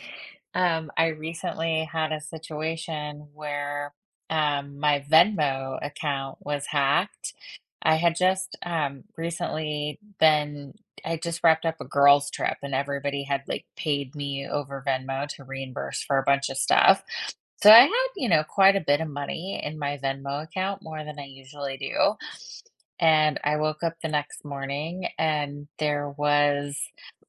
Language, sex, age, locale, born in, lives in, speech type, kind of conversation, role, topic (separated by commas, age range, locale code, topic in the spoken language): English, female, 40-44, en-US, United States, United States, conversation, advice, user, How can I protect my online accounts and manage my passwords securely?